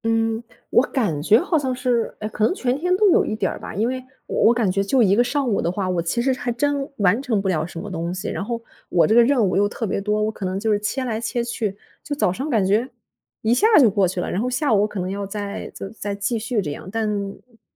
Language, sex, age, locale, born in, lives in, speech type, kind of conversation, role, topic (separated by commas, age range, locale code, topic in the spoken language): Chinese, female, 25-29, zh-CN, China, Germany, conversation, advice, user, 为什么我在多任务之间切换时效率会变得很低？